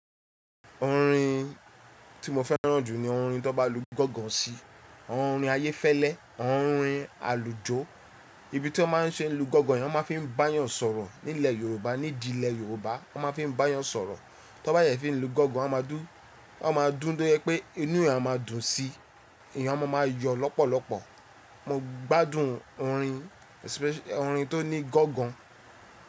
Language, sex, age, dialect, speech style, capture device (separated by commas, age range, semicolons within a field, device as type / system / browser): Yoruba, male, 35-44, Nigeria - Lagos Yoruba, nervous, mobile / Linux / Chrome